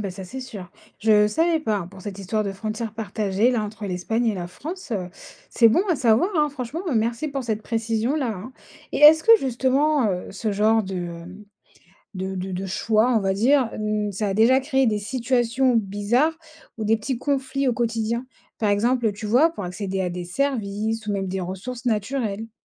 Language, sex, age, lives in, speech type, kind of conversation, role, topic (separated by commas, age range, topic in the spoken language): French, female, 30-34, France, read, expert-assistant, asker, Quelles questions poser pour mieux comprendre pourquoi certains pays ont des formes si particulières sur la carte du monde ?